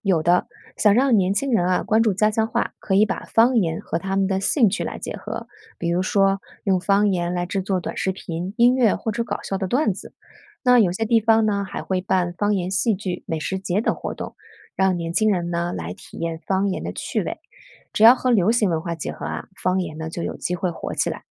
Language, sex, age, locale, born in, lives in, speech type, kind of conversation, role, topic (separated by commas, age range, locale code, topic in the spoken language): Chinese, female, 40-44, zh-CN, China, United States, read, expert-assistant, expert, 说普通话和说家乡话，各自有哪些好处和不便？